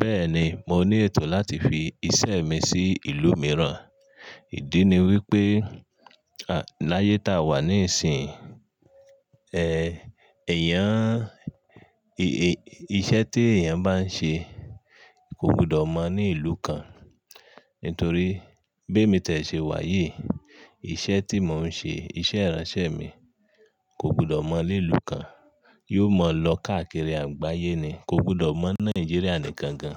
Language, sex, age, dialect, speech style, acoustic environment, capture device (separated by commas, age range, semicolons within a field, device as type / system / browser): Yoruba, male, 35-44, Nigeria - Ibadan, relaxed, silence; nature, mobile / Linux / Chrome